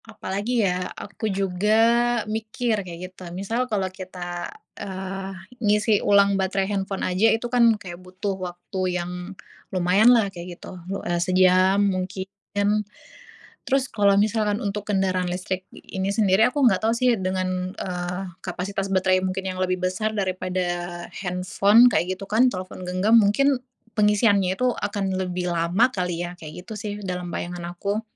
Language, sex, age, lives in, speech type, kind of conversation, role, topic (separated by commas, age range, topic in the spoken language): Indonesian, female, 25-29, Indonesia, conversation, unstructured, none, Bagaimana pendapatmu tentang penggunaan kendaraan listrik?